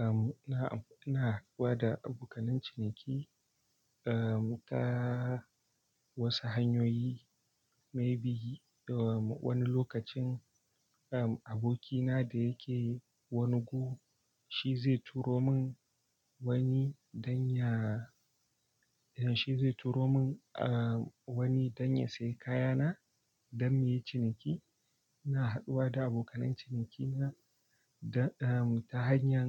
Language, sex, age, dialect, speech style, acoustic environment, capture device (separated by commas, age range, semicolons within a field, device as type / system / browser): Hausa, male, 18-24, Nigeria - Kano, focused; excited; relaxed; happy, nature, desktop / Linux / Chrome